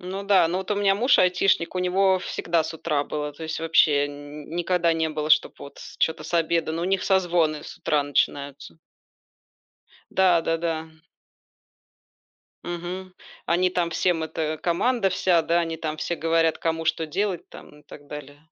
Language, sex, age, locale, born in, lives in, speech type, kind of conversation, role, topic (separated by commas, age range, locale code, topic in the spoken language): Russian, female, 40-44, ru-RU, Belarus, Spain, conversation, unstructured, none, Утром или вечером вы чувствуете себя наиболее продуктивным?